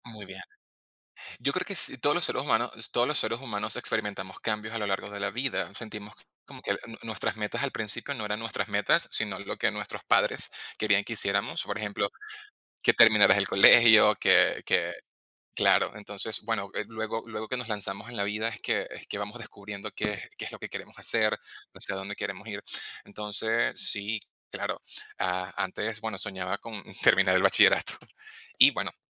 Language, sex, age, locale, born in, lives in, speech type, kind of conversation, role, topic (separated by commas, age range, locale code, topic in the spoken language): Spanish, male, 30-34, es-VE, Venezuela, Spain, conversation, unstructured, none, ¿Hay alguna meta que siempre hayas querido alcanzar?